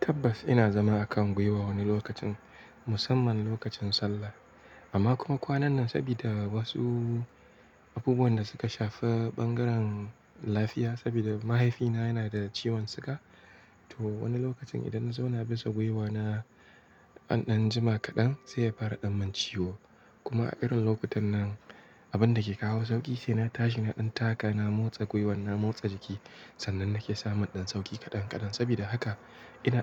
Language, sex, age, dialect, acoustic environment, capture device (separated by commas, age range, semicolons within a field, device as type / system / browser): Hausa, male, 18-24, Nigeria - Kano, silence; nature; traffic, mobile / Linux / Chrome